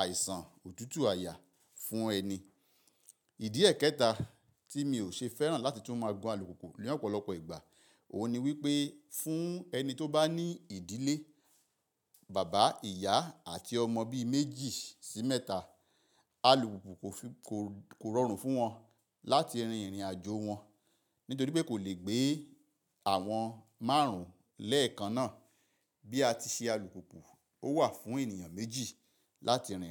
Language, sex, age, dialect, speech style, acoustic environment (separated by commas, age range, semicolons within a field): Yoruba, male, 45-54, Nigeria - Ibadan, excited; focused; relaxed, silence